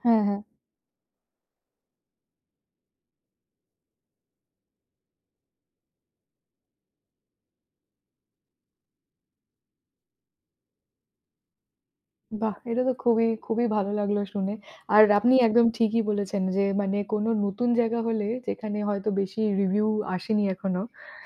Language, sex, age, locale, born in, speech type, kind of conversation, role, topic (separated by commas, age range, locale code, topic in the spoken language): Bengali, female, 30-34, bn-IN, India, conversation, unstructured, none, আপনি সর্বশেষ কোন রেস্তোরাঁয় গিয়েছিলেন, আর আপনার অভিজ্ঞতা কেমন ছিল?